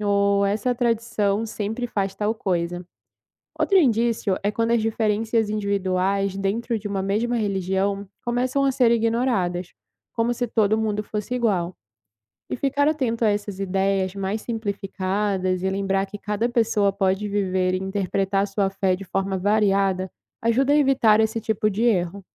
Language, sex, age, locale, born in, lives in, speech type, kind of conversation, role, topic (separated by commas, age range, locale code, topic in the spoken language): Portuguese, female, 20-24, pt-BR, Brazil, Italy, read, expert-assistant, expert, Como abordar crenças religiosas de pacientes de maneira respeitosa na saúde?